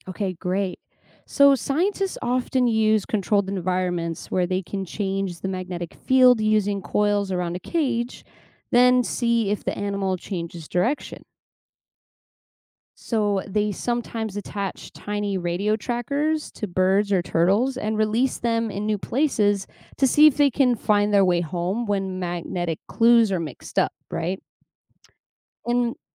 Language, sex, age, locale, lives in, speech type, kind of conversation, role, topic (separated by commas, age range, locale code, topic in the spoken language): English, female, 25-29, en-US, United States, read, expert-assistant, expert, How do compasses and animals use Earth's magnetic field for navigation?